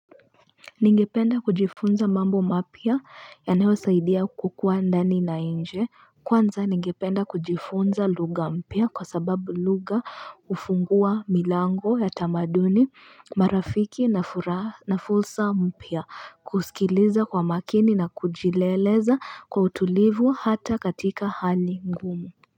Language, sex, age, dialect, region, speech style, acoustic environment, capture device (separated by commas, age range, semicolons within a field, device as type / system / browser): Swahili, female, 25-34, Kenya - Nairobi Sheng-influenced, Kenya, anxious, people talking; music; traffic, mobile / Linux / Chrome